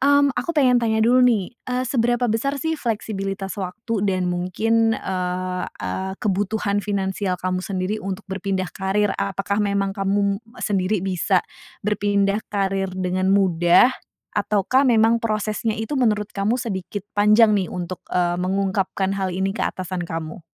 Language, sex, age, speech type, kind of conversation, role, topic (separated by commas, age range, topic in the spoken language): Indonesian, female, 30-34, conversation, advice, advisor, Bagaimana cara memilih karier baru setelah merasa tidak puas dengan pekerjaan saat ini?